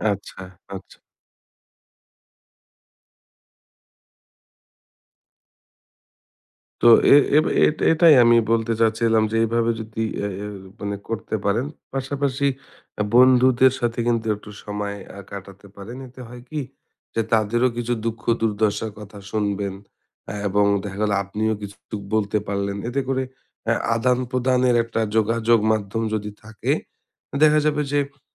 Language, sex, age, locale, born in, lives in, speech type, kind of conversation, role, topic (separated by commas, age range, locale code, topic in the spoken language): Bengali, male, 30-34, bn-BD, Bangladesh, Bangladesh, conversation, advice, advisor, আমি প্রতিদিনের ছোট ছোট উদ্বেগগুলোকে কীভাবে স্বাভাবিকভাবে গ্রহণ করে মোকাবিলা করতে পারি?